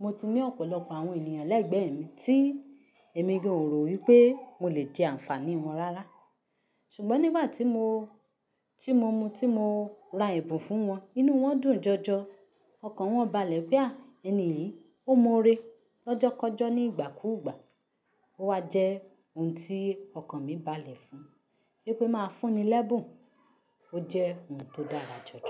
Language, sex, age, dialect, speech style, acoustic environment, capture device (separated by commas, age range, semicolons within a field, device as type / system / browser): Yoruba, female, 25-34, Nigeria - Ibadan, happy; relaxed; excited; focused, silence, mobile / Linux / Chrome